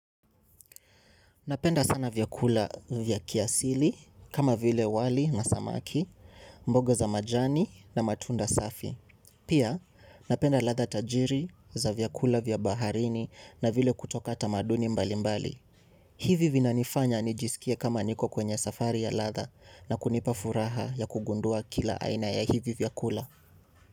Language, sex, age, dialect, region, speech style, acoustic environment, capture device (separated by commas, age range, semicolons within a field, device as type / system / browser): Swahili, male, 18-24, Kenya - Mombasa, Kenya, excited, silence, mobile / Linux / Chrome